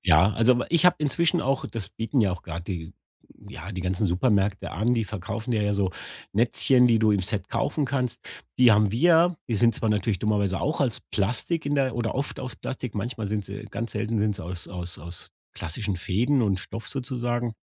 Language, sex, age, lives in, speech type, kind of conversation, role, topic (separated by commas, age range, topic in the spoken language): German, male, 50-54, Germany, conversation, podcast, guest, Was sind einfache Wege, Müll im Alltag zu reduzieren?